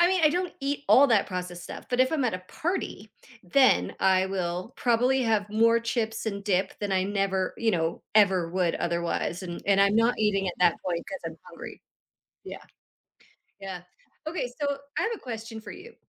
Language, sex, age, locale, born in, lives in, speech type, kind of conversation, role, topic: English, female, 50-54, en-CA, Canada, United States, conversation, podcast, host, Why do certain foods trigger such vivid memories and emotions in us?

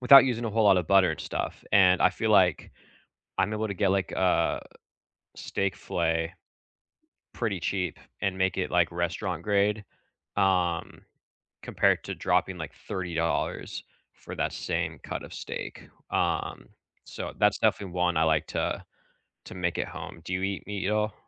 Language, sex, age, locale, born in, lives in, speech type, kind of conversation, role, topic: English, male, 25-29, en-US, United States, United States, conversation, unstructured, none, What food do you crave most when you need comfort?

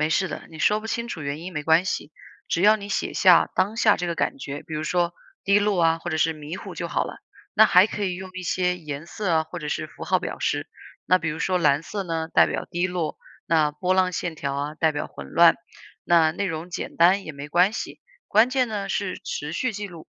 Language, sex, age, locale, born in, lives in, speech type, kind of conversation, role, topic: Chinese, female, 30-34, zh-CN, China, United States, read, expert-assistant, expert, 怎么用简单的方法记录每天的心情，帮自己更了解情绪变化？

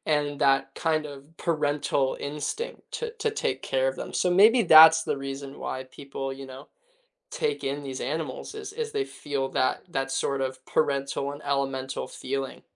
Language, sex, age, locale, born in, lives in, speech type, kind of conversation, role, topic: English, male, 20-24, en-US, United States, United States, conversation, unstructured, none, Should people be allowed to keep exotic animals as pets?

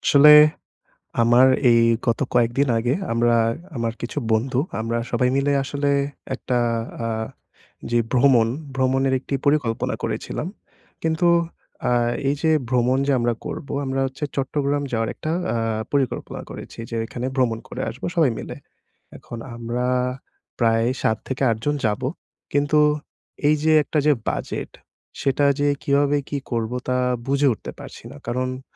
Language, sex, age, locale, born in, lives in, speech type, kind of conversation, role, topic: Bengali, male, 20-24, bn-BD, Bangladesh, Bangladesh, conversation, advice, user, বাজেট সীমাবদ্ধতায় কীভাবে সস্তায় ও মজারভাবে ছোট ভ্রমণ পরিকল্পনা করা যায়?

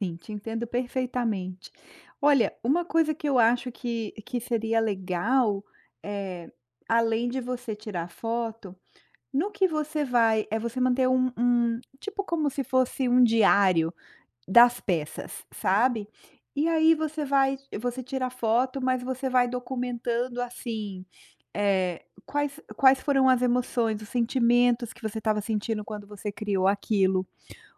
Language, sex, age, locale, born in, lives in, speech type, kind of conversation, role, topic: Portuguese, female, 45-49, pt-BR, Brazil, United States, conversation, advice, advisor, Como posso manter o hábito de documentar o meu processo criativo?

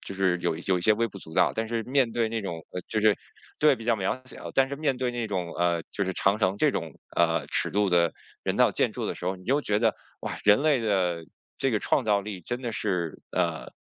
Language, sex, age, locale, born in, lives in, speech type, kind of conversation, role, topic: Chinese, male, 40-44, zh-CN, China, United States, conversation, podcast, guest, 你能分享一次被瀑布震撼到的时刻吗？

